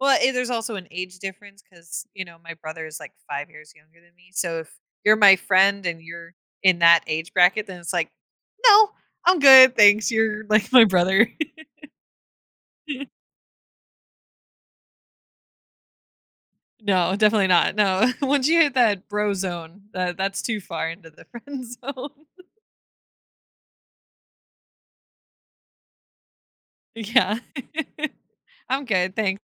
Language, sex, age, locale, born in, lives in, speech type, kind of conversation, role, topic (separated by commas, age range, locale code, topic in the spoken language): English, female, 25-29, en-US, United States, United States, conversation, podcast, host, When did you realize something important about friendship?